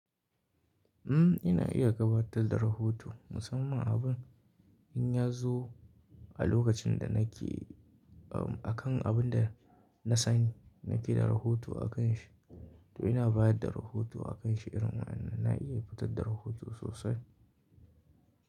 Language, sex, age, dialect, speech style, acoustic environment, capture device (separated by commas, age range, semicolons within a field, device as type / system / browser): Hausa, male, 18-24, Nigeria - Kano, happy, nature, mobile / Linux / Chrome